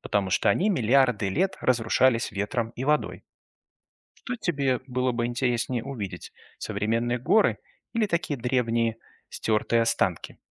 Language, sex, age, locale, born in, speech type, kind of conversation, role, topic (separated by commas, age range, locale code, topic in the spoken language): Russian, male, 35-39, ru-RU, Belarus, read, expert-assistant, expert, Как образуются горы?